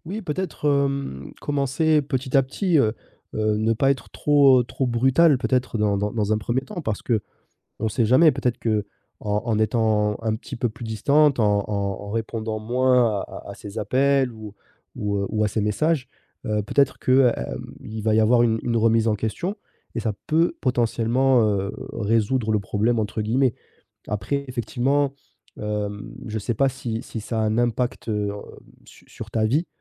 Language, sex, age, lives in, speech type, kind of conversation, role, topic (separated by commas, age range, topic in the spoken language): French, male, 30-34, France, conversation, advice, advisor, Comment décider de mettre fin à une amitié toxique ?